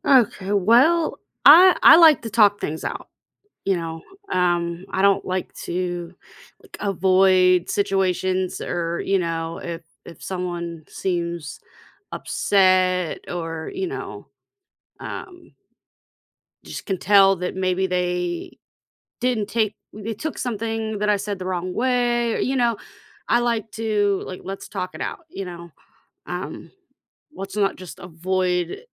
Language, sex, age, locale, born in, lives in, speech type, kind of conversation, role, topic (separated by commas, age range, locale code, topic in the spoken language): English, female, 35-39, en-US, United States, United States, conversation, podcast, guest, What are some effective ways to resolve misunderstandings in conversations?